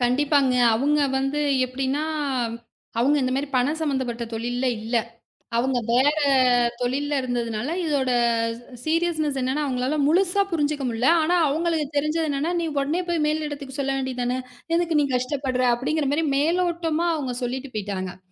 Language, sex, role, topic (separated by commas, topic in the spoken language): Tamil, female, guest, பணியில் கவனம் சிதறும்போது அதை நீங்கள் எப்படி சமாளிக்கிறீர்கள்?